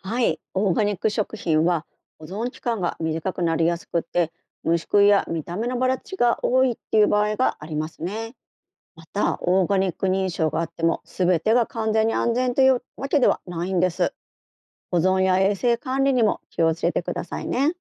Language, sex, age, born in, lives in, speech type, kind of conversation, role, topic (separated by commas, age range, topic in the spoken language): Japanese, female, 50-54, Japan, Japan, read, expert-assistant, expert, オーガニック食品は値段に見合う安全性や価値があると思いますか？